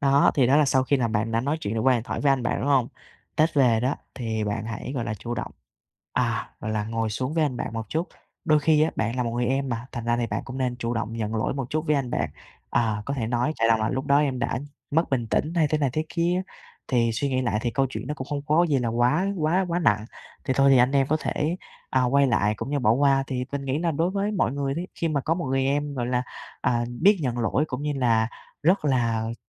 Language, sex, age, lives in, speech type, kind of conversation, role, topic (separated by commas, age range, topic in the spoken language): Vietnamese, male, 20-24, Vietnam, conversation, advice, advisor, Bạn đang cảm thấy căng thẳng như thế nào sau cuộc cãi vã với người thân?